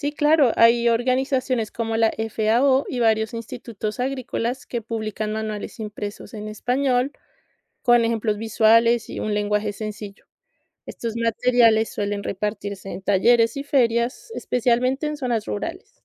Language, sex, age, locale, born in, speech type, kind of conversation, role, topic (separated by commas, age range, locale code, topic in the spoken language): Spanish, female, 30-34, es-CO, Colombia, read, expert-assistant, expert, ¿Cómo podríamos combinar tecnología y tradiciones locales para mejorar la seguridad alimentaria en comunidades rurales?